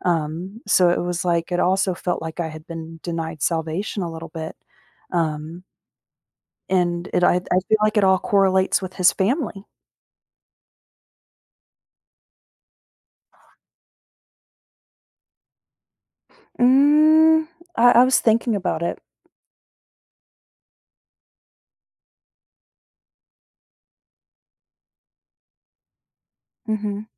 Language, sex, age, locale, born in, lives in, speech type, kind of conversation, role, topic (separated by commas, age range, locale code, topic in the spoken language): English, female, 30-34, en-US, United States, United States, conversation, advice, user, How can I stop feeling lonely around others?